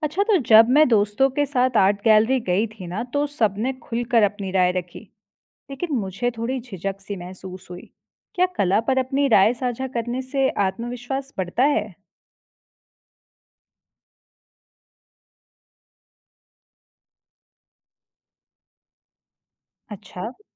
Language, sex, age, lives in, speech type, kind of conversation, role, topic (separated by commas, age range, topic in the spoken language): Hindi, female, 25-29, India, read, expert-assistant, asker, कला पर अपनी राय खुलकर रखने से आत्मविश्वास कैसे बढ़ा सकता हूँ?